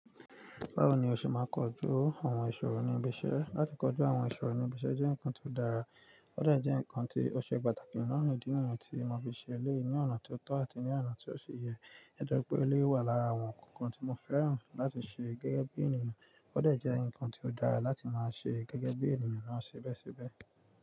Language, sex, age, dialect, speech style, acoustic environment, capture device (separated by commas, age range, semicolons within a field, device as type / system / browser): Yoruba, male, 25-34, Nigeria - Nigerian Standard, focused, nature, mobile / Linux / Chrome